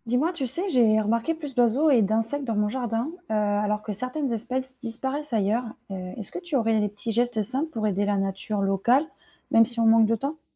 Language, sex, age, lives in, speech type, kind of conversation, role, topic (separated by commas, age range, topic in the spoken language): French, female, 30-34, France, read, expert-assistant, asker, Quels petits changements dans ma routine peuvent vraiment aider les écosystèmes locaux ?